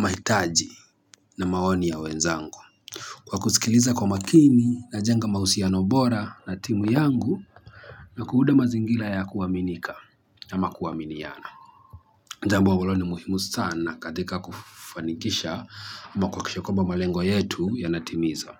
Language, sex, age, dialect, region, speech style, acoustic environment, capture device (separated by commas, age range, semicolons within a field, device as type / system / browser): Swahili, male, 25-34, Kenya - Mombasa, Kenya, happy; nervous; excited, people talking; traffic; construction, mobile / Linux / Chrome